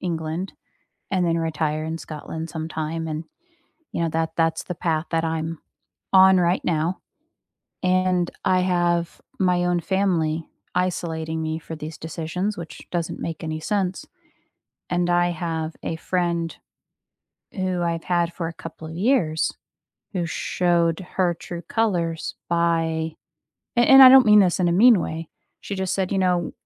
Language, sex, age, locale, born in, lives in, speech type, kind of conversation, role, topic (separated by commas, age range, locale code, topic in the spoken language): English, female, 30-34, en-US, United States, United States, conversation, unstructured, none, What is something most people misunderstand about love?